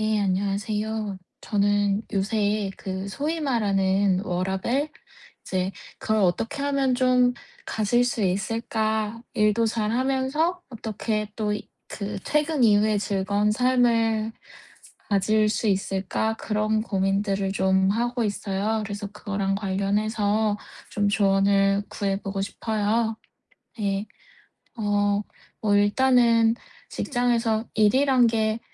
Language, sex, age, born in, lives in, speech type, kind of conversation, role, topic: Korean, female, 30-34, South Korea, United States, conversation, advice, user, 일과 삶의 균형을 유지하면서 장기 경력 계획은 어떻게 세워야 하나요?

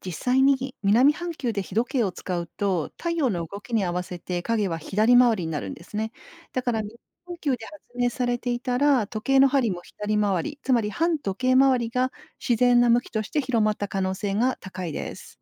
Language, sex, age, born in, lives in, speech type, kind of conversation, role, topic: Japanese, female, 50-54, Japan, Japan, read, expert-assistant, expert, なぜ時計の針は右回りなのか説明してください。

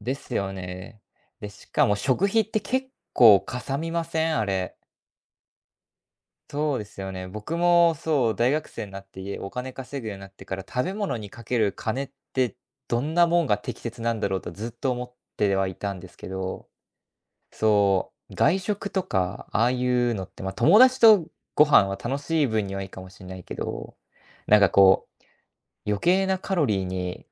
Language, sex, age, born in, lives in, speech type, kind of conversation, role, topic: Japanese, male, 20-24, Japan, Japan, conversation, podcast, host, 最近、どんなエコな習慣を試していますか？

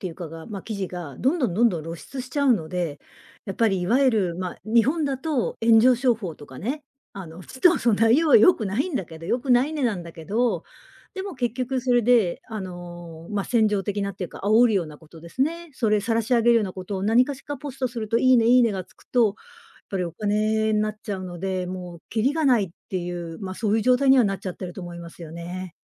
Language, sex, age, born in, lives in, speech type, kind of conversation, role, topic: Japanese, female, 55-59, Japan, Japan, conversation, podcast, guest, SNSの「いいね」文化は、個人の価値観にどのような影響を与えるのでしょうか？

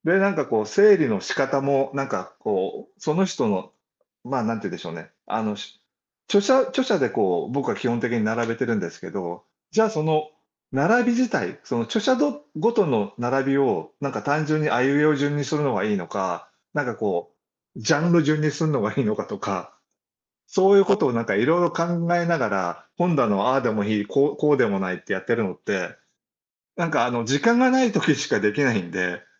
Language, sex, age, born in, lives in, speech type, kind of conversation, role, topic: Japanese, male, 60-64, Japan, Japan, conversation, unstructured, none, やってみたら意外と楽しかった趣味はありますか？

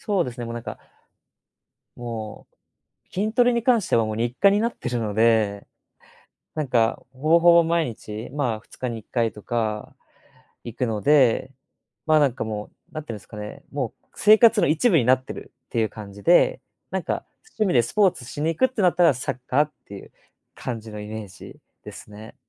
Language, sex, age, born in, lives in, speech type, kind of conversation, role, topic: Japanese, male, 20-24, Japan, Japan, conversation, unstructured, none, 好きなスポーツは何ですか？その理由も教えてください。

